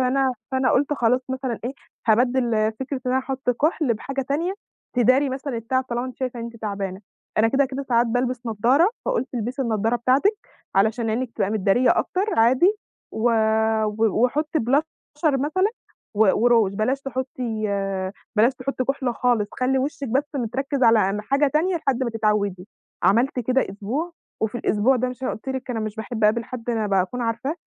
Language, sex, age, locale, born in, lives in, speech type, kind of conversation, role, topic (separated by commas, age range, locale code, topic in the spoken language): Arabic, female, 20-24, ar-EG, Egypt, Egypt, conversation, advice, user, إزاي شعورك تجاه شكل جسمك بيأثر على ثقتك بنفسك كل يوم؟